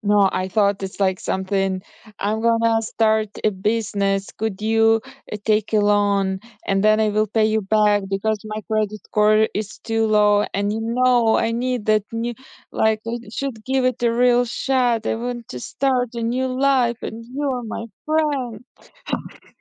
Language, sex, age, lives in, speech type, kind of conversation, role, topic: English, female, 30-34, United States, conversation, unstructured, none, What is a story from your childhood that you like to tell?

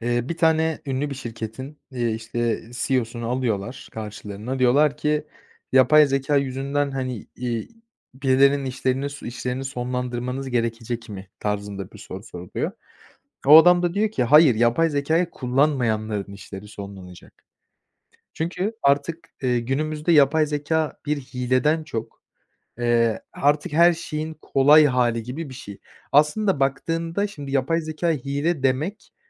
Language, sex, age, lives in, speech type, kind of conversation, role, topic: Turkish, male, 20-24, Poland, conversation, podcast, guest, Teknoloji öğrenmeyi nasıl kolaylaştırır?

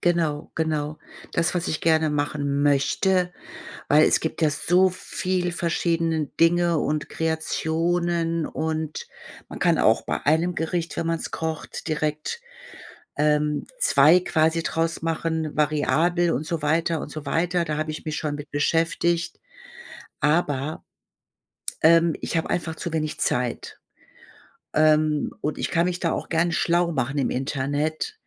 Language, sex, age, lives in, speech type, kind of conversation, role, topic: German, female, 55-59, Germany, conversation, advice, user, Wie kann ich bei der Essensvorbereitung Zeit sparen?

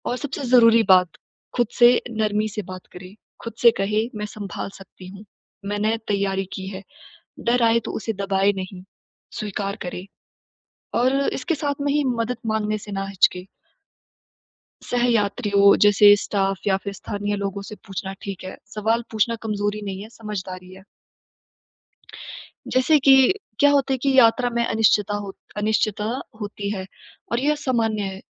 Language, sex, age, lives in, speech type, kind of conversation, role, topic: Hindi, female, 20-24, India, conversation, advice, advisor, यात्रा के दौरान अनिश्चितता और चिंता को कैसे कम किया जा सकता है?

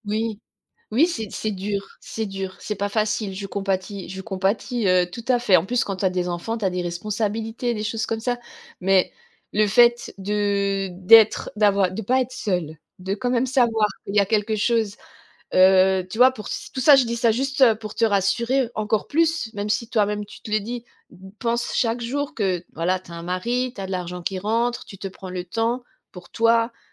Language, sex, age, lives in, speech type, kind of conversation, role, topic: French, female, 45-49, France, conversation, advice, advisor, Comment gérer une perte d’emploi soudaine et l’incertitude sur mon avenir financier ?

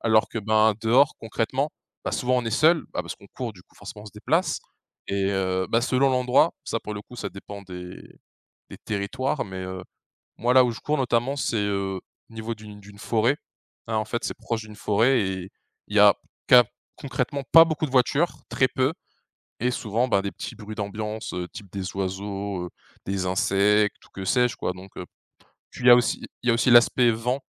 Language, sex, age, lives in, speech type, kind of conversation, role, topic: French, male, 20-24, France, conversation, podcast, guest, Comment décrirais-tu ton style personnel ?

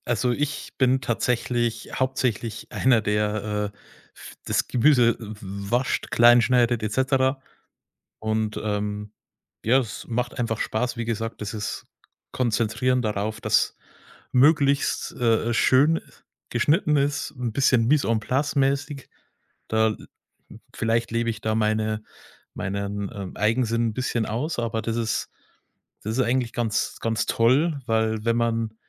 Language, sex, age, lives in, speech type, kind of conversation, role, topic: German, male, 30-34, Germany, conversation, podcast, guest, Wie läuft bei euch das gemeinsame Kochen ab?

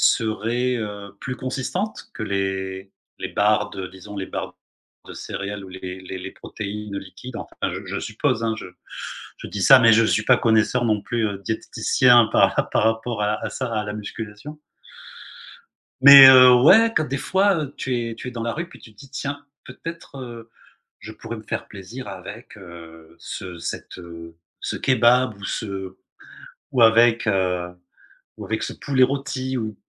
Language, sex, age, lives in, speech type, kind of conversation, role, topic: French, male, 55-59, Spain, conversation, advice, advisor, Comment se passe ta routine alimentaire quand tu sautes des repas et que tu manges à des horaires irréguliers ?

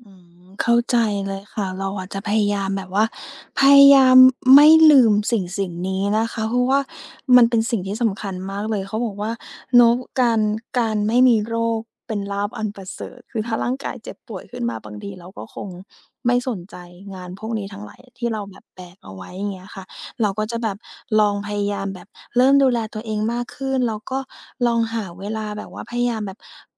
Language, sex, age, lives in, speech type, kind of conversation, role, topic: Thai, female, 30-34, Thailand, conversation, advice, user, จะเริ่มโปรแกรมออกกำลังกายอย่างไรดีเมื่อยังขาดความมั่นใจ?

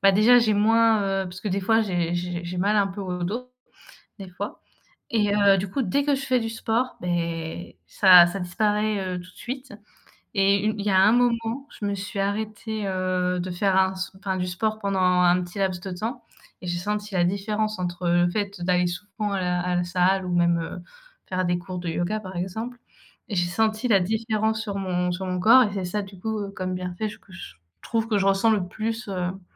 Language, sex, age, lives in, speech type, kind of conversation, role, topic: French, female, 20-24, France, conversation, unstructured, none, Quels bienfaits ressens-tu après une séance de sport ?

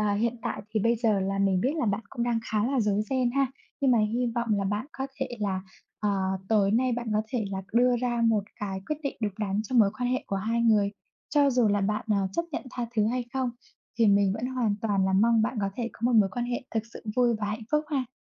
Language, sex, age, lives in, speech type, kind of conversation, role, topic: Vietnamese, female, 25-29, Vietnam, conversation, unstructured, none, Có nên tha thứ khi người mình yêu đã phản bội không?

